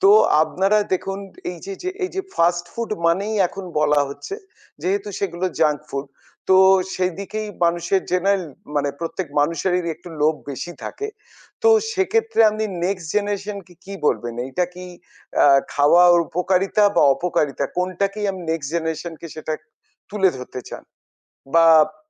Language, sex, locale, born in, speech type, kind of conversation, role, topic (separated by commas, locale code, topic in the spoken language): Bengali, male, bn-IN, India, conversation, podcast, host, রেস্টুরেন্টের মুখরোচক কোনো খাবার বাড়িতে একইভাবে বানাতে চাইলে কী করা উচিত?